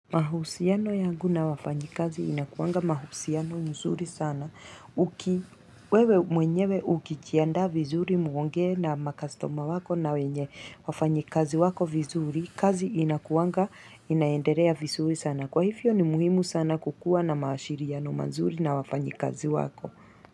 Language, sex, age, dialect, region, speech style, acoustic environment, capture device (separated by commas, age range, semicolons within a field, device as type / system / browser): Swahili, female, 35-44, Kenya - Mombasa, Kenya, excited, people talking, mobile / Linux / Chrome